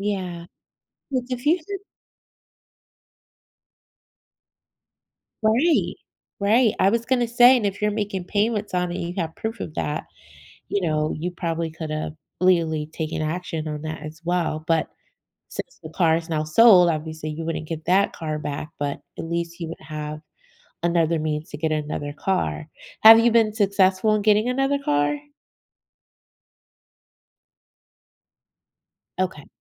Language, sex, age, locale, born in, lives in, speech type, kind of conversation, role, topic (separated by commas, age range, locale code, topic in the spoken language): English, female, 40-44, en-US, United States, United States, conversation, advice, advisor, How can I cope with and respond to a friend's betrayal?